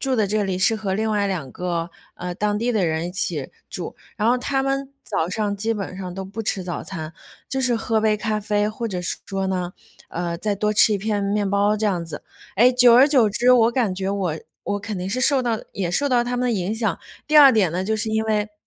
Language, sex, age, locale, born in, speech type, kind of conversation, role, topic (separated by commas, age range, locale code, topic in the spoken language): Chinese, female, 30-34, zh-CN, China, conversation, advice, user, 你的宵夜习惯会如何影响你的睡眠和体重？